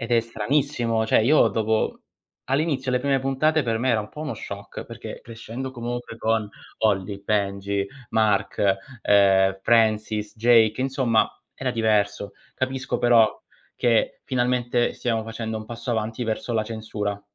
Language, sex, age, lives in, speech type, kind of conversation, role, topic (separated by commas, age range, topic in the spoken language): Italian, male, 30-34, Italy, conversation, unstructured, none, Cosa pensi della censura nei film e nelle serie TV?